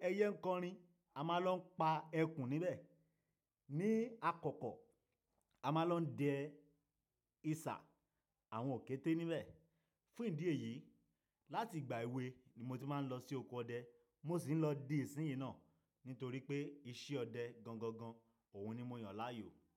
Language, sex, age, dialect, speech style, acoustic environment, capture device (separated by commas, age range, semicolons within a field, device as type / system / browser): Yoruba, male, 25-34, Nigeria - Nigerian Standard, happy, silence; nature, desktop / Linux / Chrome